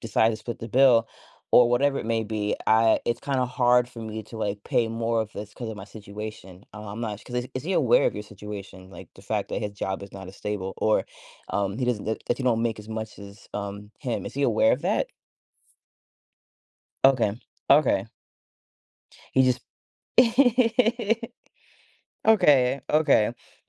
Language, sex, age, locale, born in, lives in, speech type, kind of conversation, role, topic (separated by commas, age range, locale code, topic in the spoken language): English, female, 30-34, en-US, United States, United States, conversation, advice, advisor, How should I approach this disagreement with my friend?